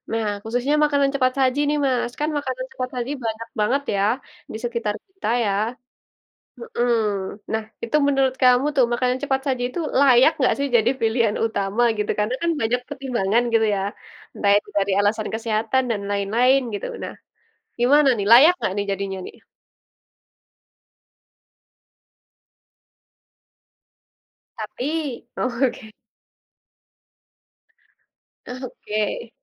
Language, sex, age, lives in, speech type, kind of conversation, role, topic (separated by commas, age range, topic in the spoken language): Indonesian, female, 20-24, Indonesia, conversation, unstructured, none, Apakah makanan cepat saji layak dijadikan pilihan utama?